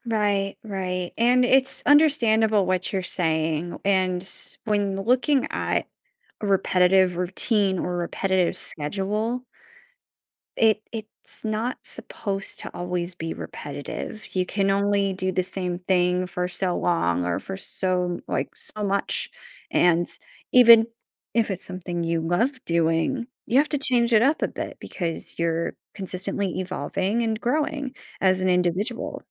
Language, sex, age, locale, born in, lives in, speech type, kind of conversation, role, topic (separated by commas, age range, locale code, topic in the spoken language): English, female, 25-29, en-US, United States, United States, conversation, advice, advisor, How can I make my life feel less predictable and more exciting?